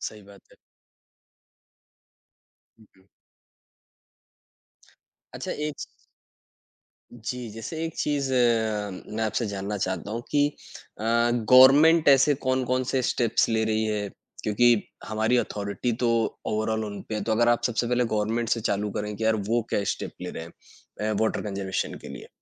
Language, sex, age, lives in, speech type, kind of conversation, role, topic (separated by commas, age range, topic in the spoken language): Hindi, male, 25-29, India, conversation, podcast, host, Rozmarra zindagi mein paani bachane ke kuchh simple tareeke kya hain?